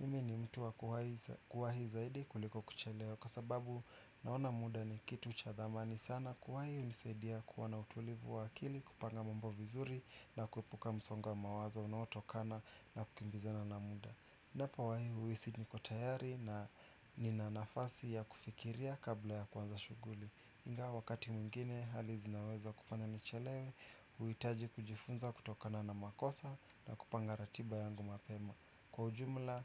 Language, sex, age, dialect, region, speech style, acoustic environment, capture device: Swahili, male, 25-34, Kenya - Nairobi Sheng-influenced, Kenya, relaxed; anxious; focused, nature; appliances, desktop / Windows / Chrome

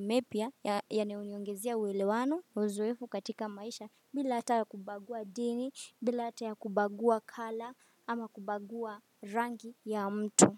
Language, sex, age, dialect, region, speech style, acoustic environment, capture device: Swahili, female, 18-24, Kenya - Mombasa, Kenya, focused, nature; silence, desktop / Windows / Firefox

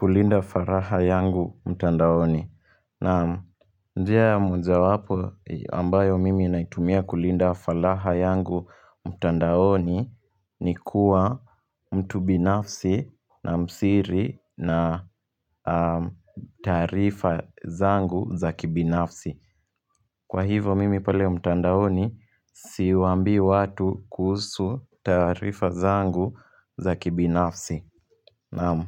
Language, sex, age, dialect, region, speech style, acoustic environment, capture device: Swahili, male, 18-24, Kenya - Nairobi Sheng-influenced, Kenya, happy; relaxed; focused, people talking; music; nature; traffic; construction; appliances, mobile / Linux / Chrome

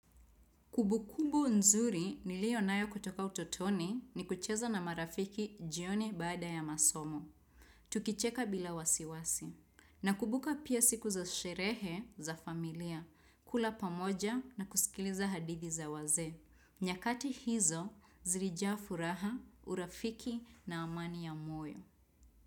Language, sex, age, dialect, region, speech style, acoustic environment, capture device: Swahili, female, 25-34, Kenya - Nairobi Sheng-influenced, Kenya, excited; relaxed; focused, nature, desktop / Windows / Chrome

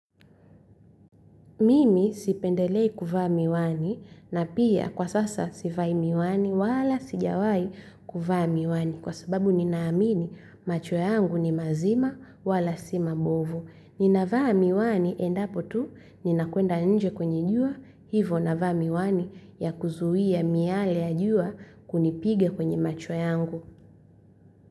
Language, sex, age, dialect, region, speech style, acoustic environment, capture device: Swahili, female, 25-34, Tanzania - Dar es Salaam, Tanzania, happy; relaxed; focused; excited, silence, mobile / Linux / Chrome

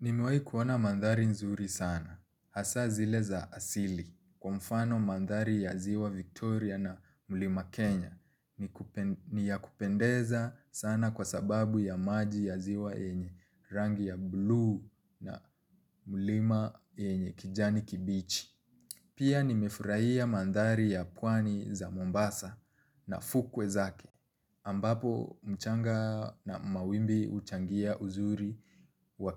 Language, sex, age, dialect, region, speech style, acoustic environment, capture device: Swahili, male, 25-34, Kenya - Nairobi Sheng-influenced, Kenya, relaxed, silence, mobile / Linux / Chrome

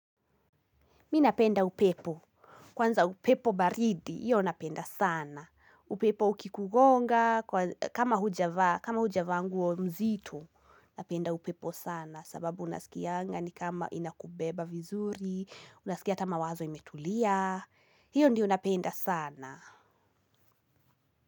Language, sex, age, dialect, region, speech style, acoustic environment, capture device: Swahili, female, 18-24, Kenya - Kiambu, Kenya, happy, nature, mobile / Linux / Chrome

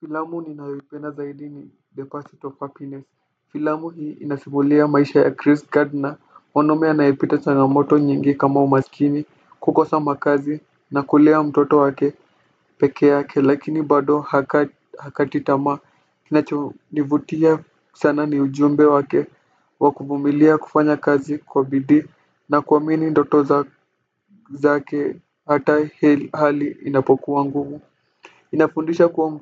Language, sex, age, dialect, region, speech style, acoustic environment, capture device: Swahili, male, 18-24, Kenya - Nairobi Sheng-influenced, Kenya, relaxed, nature, desktop / Windows / Firefox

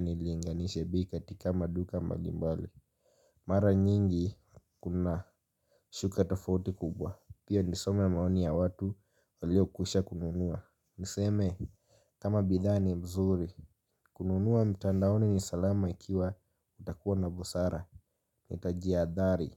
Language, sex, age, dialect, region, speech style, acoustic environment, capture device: Swahili, male, 18-24, Kenya - Nairobi Sheng-influenced, Kenya, happy; focused, nature; silence, mobile / Linux / Chrome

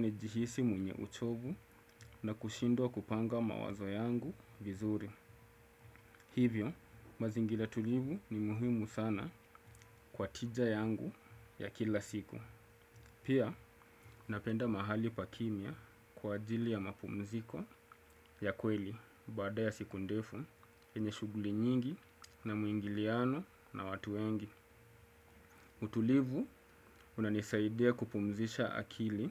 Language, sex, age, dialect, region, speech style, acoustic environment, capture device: Swahili, male, 25-34, Kenya - Mombasa, Kenya, happy; focused; excited; relaxed, silence, desktop / Windows / Chrome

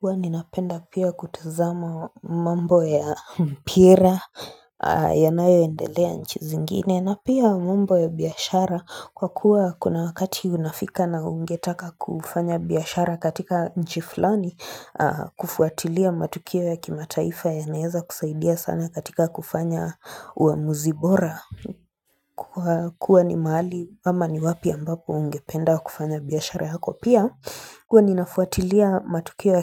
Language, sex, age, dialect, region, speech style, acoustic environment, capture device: Swahili, female, 25-34, Kenya - Nairobi Sheng-influenced, Kenya, relaxed, silence, mobile / Linux / Chrome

